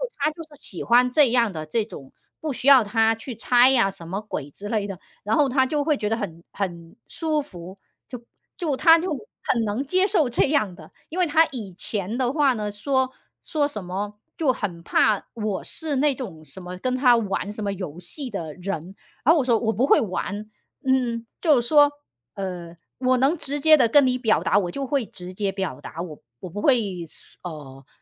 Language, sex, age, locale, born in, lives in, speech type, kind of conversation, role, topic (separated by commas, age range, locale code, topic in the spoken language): Chinese, female, 40-44, zh-CN, China, United States, conversation, unstructured, none, 恋人之间撒谎可以接受吗？